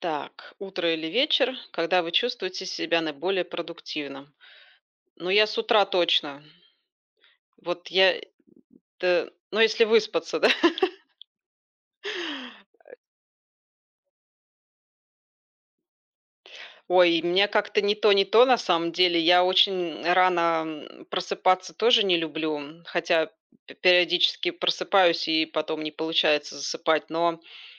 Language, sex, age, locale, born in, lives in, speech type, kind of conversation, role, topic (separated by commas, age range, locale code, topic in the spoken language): Russian, female, 40-44, ru-RU, Belarus, Spain, conversation, unstructured, none, Утром или вечером вы чувствуете себя наиболее продуктивным?